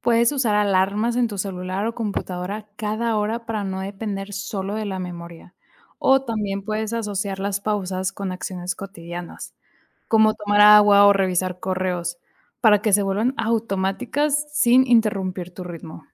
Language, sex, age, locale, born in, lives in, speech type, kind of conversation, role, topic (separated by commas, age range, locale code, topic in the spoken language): Spanish, female, 25-29, es-MX, Mexico, Mexico, read, expert-assistant, expert, ¿Cuál es la mejor manera de aprender a manejar el estrés en la vida diaria?